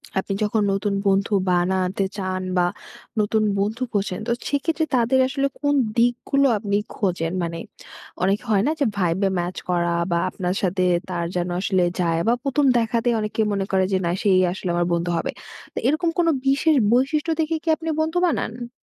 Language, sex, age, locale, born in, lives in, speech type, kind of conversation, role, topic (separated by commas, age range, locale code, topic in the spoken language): Bengali, female, 20-24, bn-BD, Bangladesh, Bangladesh, conversation, advice, advisor, নতুন জায়গায় আমি কীভাবে সহজভাবে নতুন বন্ধু বানাতে পারি?